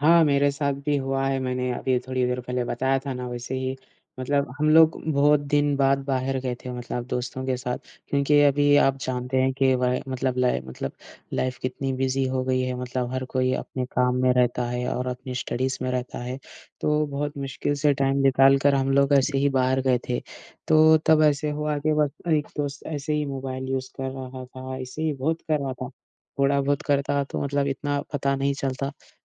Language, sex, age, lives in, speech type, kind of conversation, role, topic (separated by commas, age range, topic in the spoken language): Hindi, male, 20-24, India, conversation, unstructured, none, क्या आपको लगता है कि मोबाइल फ़ोन हमारी बातचीत को खराब कर रहे हैं?